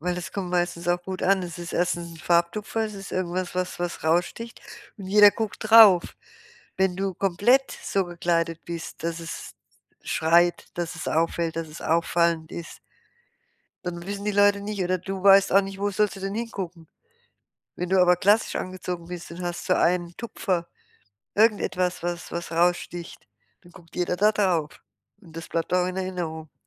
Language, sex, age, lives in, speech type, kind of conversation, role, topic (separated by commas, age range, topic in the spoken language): German, female, 60-64, Germany, conversation, advice, advisor, Wie finde ich einen Kleidungsstil, in dem ich mich wohlfühle?